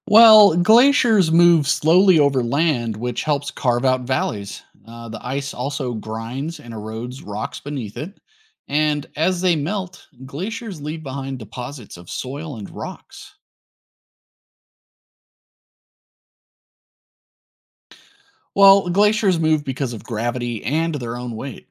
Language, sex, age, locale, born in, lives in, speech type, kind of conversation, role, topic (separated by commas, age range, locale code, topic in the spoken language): English, male, 35-39, en-US, United States, United States, read, expert-assistant, expert, How do glaciers shape the landscape over time?